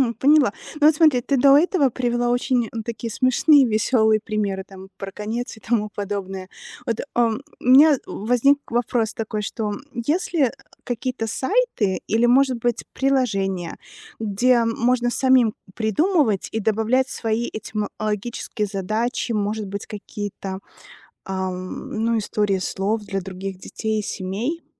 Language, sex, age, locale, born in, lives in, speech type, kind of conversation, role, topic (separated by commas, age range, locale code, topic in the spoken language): Russian, female, 40-44, ru-RU, Belarus, United States, read, expert-assistant, asker, Как детям интересно изучать этимологию слов?